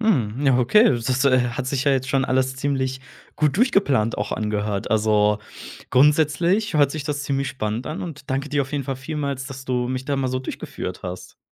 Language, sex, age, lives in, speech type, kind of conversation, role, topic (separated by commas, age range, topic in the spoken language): German, male, 25-29, Germany, conversation, podcast, host, Wie läuft bei euch ein typisches Familienessen ab?